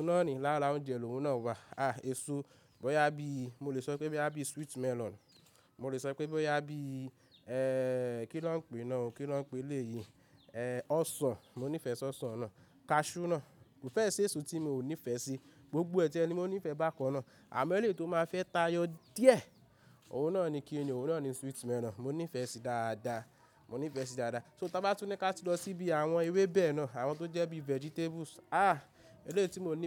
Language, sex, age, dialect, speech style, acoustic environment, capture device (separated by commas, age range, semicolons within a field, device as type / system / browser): Yoruba, male, 25-34, Nigeria - Ibadan, excited, nature, mobile / Linux / Chrome